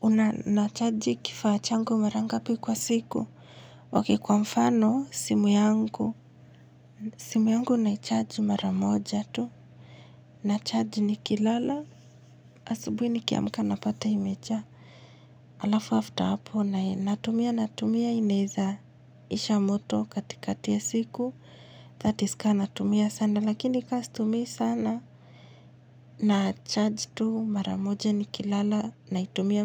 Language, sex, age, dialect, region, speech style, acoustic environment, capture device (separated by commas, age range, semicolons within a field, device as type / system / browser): Swahili, female, 25-34, Kenya - Nairobi Sheng-influenced, Kenya, happy; relaxed; focused, silence, mobile / Linux / Chrome